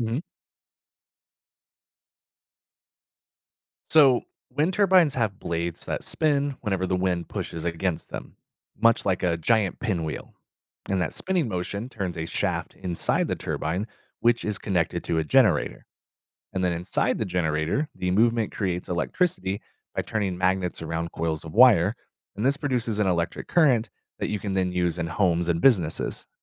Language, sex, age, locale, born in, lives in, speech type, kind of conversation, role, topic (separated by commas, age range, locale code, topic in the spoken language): English, male, 30-34, en-US, United States, United States, read, expert-assistant, expert, How is wind energy generated and stored for later use?